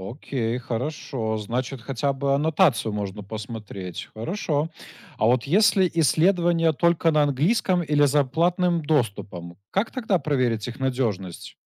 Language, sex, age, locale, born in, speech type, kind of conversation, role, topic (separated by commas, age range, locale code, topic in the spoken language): Russian, male, 25-29, ru-RU, Belarus, read, expert-assistant, asker, Как понять, что информация о психическом здоровье в интернете действительно заслуживает доверия?